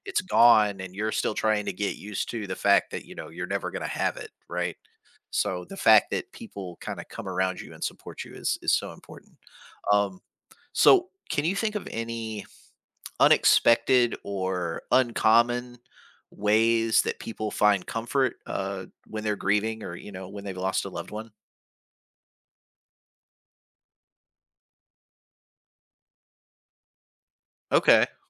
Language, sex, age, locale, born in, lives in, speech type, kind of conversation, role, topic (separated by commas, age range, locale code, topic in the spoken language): English, male, 40-44, en-US, United States, United States, conversation, podcast, host, How do people find comfort and support after experiencing loss?